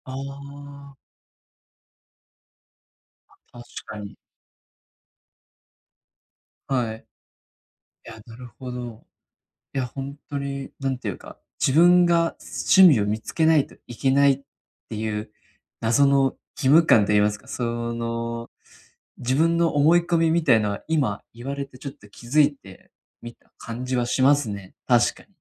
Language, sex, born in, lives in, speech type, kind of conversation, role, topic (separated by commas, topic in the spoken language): Japanese, male, Japan, Japan, conversation, advice, user, 情熱や興味が見つからないとき、どうすれば没頭できるものを見つけられますか？